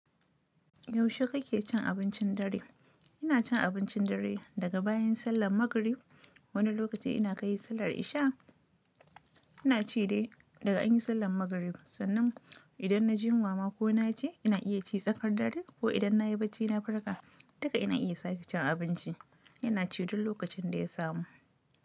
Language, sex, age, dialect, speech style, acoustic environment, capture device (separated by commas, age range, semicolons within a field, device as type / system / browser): Hausa, female, 25-34, Nigeria - Nigerian Standard, happy, silence, mobile / Linux / Chrome